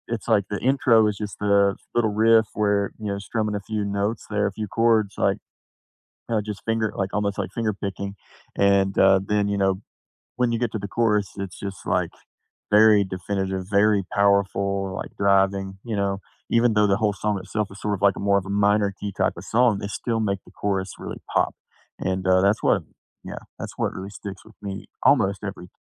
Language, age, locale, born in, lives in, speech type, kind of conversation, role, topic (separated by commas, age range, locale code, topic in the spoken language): English, 30-34, en-US, United States, United States, conversation, unstructured, none, Which book, movie, or song has stayed with you, and why does it still matter to you?